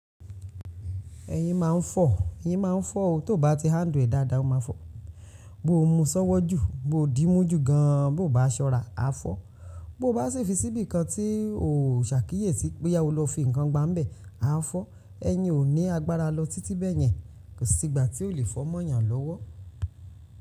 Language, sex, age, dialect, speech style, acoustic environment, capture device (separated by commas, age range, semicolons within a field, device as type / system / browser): Yoruba, male, 18-24, Nigeria - Lagos Yoruba, happy; nervous; anxious; stressed, people talking; traffic, mobile / Linux / Chrome